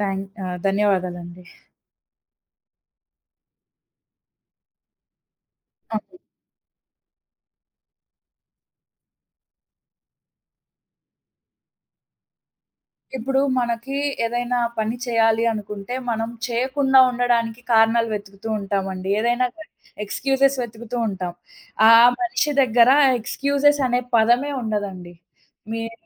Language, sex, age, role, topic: Telugu, female, 20-24, guest, ఇతర కళాకారుల పనిని చూసి మీరు ఎలా స్ఫూర్తి పొందుతారు?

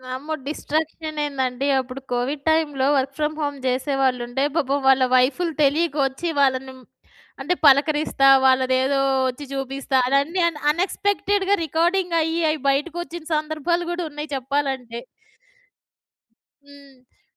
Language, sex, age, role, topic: Telugu, female, 40-44, host, ఇంటి నుంచే పని చేసేటప్పుడు మీ పని స్థలాన్ని మీరు ఎలా ఏర్పాటు చేసుకుంటారు?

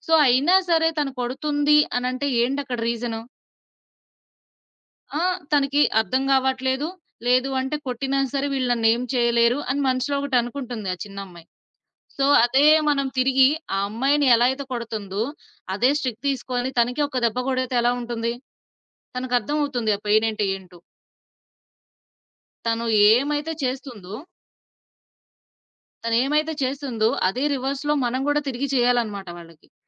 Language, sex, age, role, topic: Telugu, female, 25-29, guest, పిల్లలను తీర్చిదిద్దే విధానం తరం నుంచి తరానికి ఎలా మారింది?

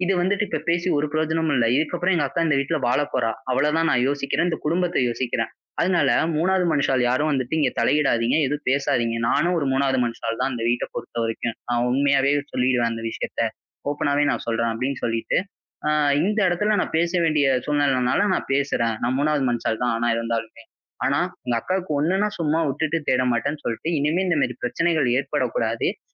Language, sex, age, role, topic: Tamil, male, 20-24, guest, சண்டை நேரத்துல நெகிழ்வா நேர்மையா பேசணும்னு நினைப்பீங்க?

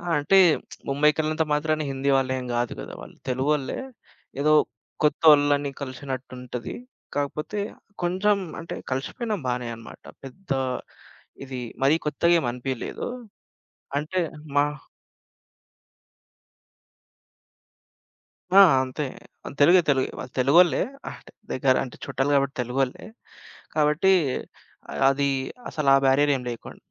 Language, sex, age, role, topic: Telugu, male, 25-29, guest, మీరు ఎప్పుడైనా స్థానిక కుటుంబంతో కొన్ని రోజులు గడిపారా? మీ అనుభవం ఎలా ఉండింది?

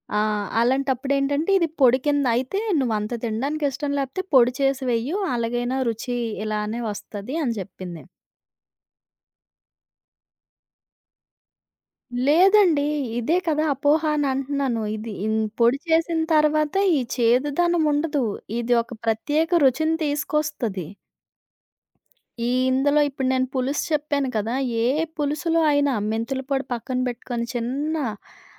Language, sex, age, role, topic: Telugu, female, 30-34, guest, ఆ రెసిపీలో మీ రహస్య చిట్కా ఏమిటో చెప్పగలరా?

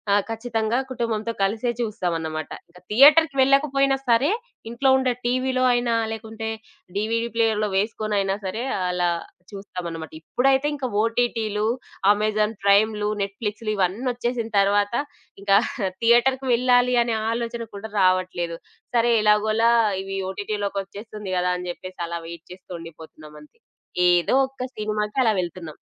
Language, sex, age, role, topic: Telugu, female, 25-29, guest, కుటుంబంతో కలిసి మీరు మొదటిసారి చూసిన సినిమా ఏది?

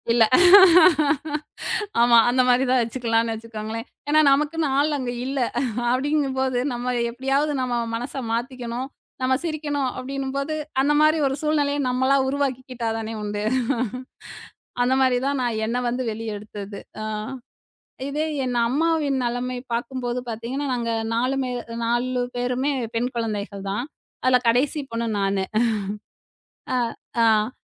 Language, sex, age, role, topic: Tamil, female, 35-39, guest, மனஅழுத்தம் அதிகரிக்கும்போது உடல் காட்டும் அறிகுறிகள் என்னென்ன?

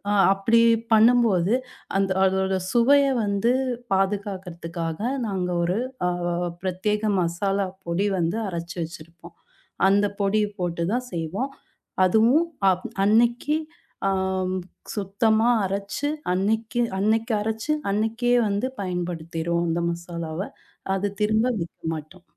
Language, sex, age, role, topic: Tamil, female, 30-34, guest, பழமையான குடும்ப உணவுகளின் சுவையை நீங்கள் எப்படிப் பாதுகாத்து வந்திருக்கிறீர்கள்?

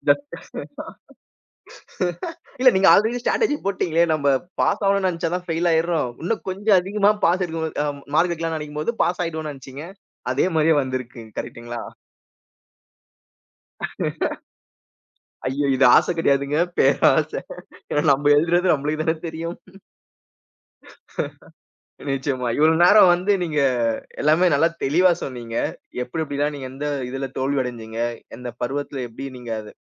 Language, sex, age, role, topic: Tamil, male, 30-34, host, தேர்வில் தோல்வியடைந்த பிறகு ஏற்பட்ட மாற்றங்களை நீங்கள் எப்படிச் சமாளித்தீர்கள்?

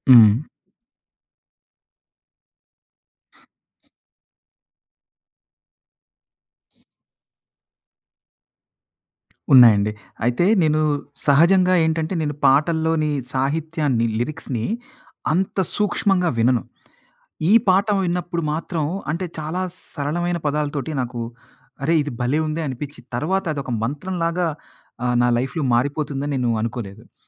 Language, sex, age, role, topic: Telugu, male, 40-44, guest, మీ జీవితాన్ని మార్చిన పాట ఏది?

